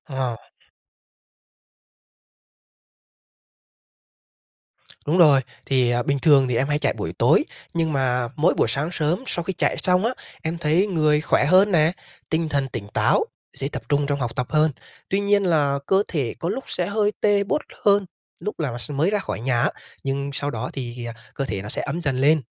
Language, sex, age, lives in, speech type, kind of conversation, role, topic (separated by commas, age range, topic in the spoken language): Vietnamese, male, 25-29, Vietnam, read, expert-assistant, asker, Tại sao khi chạy nhanh lại bị đau xóc hông?